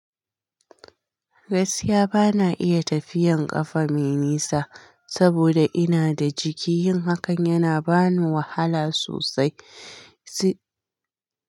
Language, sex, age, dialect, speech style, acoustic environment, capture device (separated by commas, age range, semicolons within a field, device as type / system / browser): Hausa, female, 25-34, Nigeria - Kano, relaxed, silence, mobile / Linux / Chrome